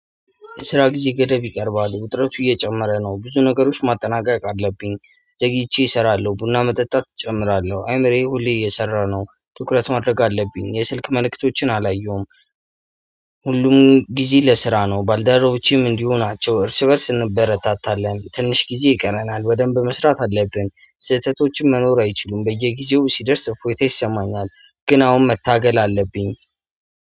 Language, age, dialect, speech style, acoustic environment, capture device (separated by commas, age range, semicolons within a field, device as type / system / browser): Amharic, 25-34, Ethiopia - Addis Ababa, happy, music, mobile / Android / Chrome